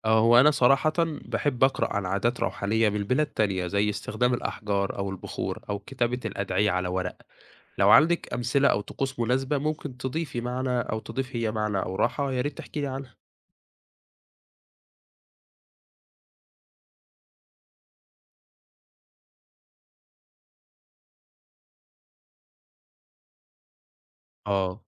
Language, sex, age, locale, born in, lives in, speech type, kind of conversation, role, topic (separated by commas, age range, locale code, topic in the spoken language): Arabic, male, 20-24, ar-EG, Egypt, Egypt, read, expert-assistant, asker, إزاي أقدر ألاقي وقت أمارس فيه العبادات أو الروحانيات وسط زحمة الشغل والحياة اليومية؟